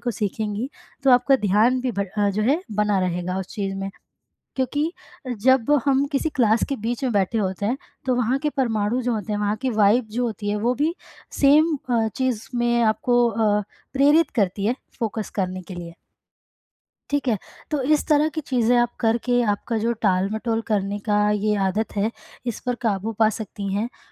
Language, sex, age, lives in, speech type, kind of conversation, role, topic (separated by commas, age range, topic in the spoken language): Hindi, female, 25-29, India, conversation, advice, advisor, मैं बार-बार टालमटोल क्यों करता/करती हूँ, और इसे कैसे खत्म करूँ?